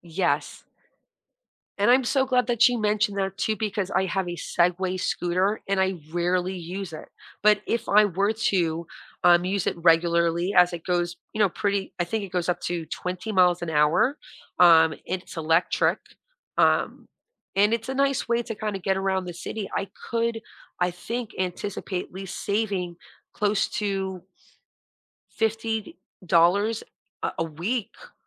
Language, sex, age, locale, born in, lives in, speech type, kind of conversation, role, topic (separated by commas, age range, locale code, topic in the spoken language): English, female, 40-44, en-US, United States, United States, conversation, advice, user, How can I quickly lower my monthly bills without making major lifestyle sacrifices?